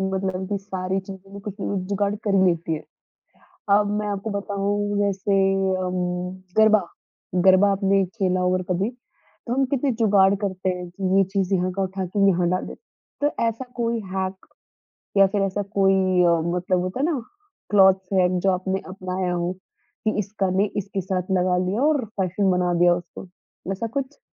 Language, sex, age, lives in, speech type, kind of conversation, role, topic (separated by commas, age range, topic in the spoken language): Hindi, female, 20-24, India, conversation, podcast, host, Comfort aur style ka balance banane ka tumhara tareeka kya hai?